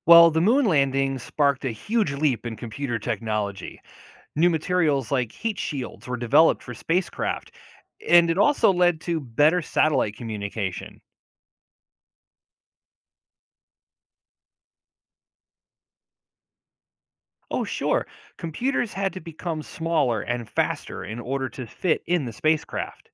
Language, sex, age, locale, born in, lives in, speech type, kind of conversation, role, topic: English, male, 40-44, en-US, United States, United States, read, expert-assistant, expert, What is the significance of the moon landing in technology advancement?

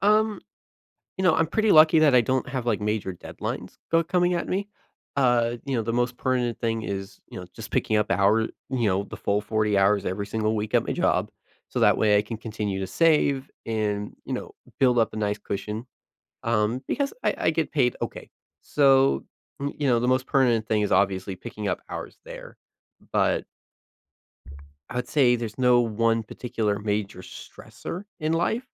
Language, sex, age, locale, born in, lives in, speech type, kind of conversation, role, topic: English, male, 20-24, en-US, United States, United States, conversation, advice, user, How can I manage my commitments better?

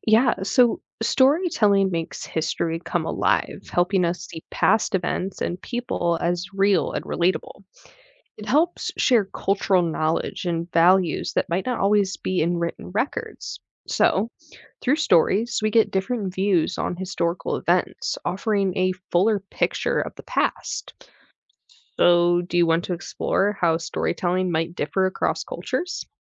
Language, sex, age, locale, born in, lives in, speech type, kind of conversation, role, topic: English, female, 20-24, en-US, United States, United States, read, expert-assistant, expert, How does storytelling help preserve historical events?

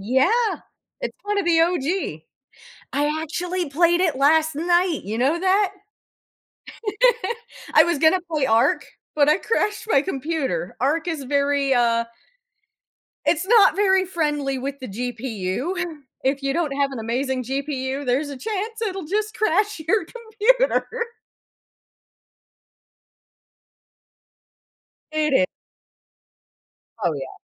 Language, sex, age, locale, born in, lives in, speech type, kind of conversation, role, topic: English, female, 35-39, en-US, United States, United States, conversation, podcast, guest, Why do hobbies play an important role in helping us cope with stress?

